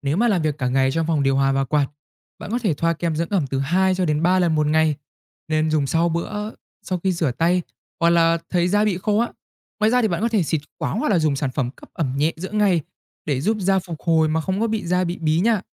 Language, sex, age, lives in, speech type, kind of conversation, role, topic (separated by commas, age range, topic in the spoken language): Vietnamese, male, 20-24, Vietnam, read, expert-assistant, expert, Vì sao khi bật quạt, ta cảm thấy mát hơn dù nhiệt độ không thay đổi?